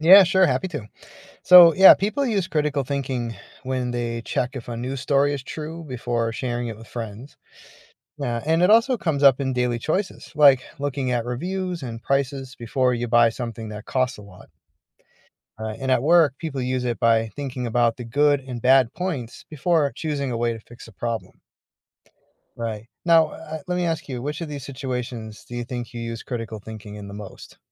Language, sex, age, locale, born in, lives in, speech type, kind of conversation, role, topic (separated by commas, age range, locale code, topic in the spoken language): English, male, 45-49, en-US, United States, United States, read, expert-assistant, expert, Why is it important to develop critical thinking skills?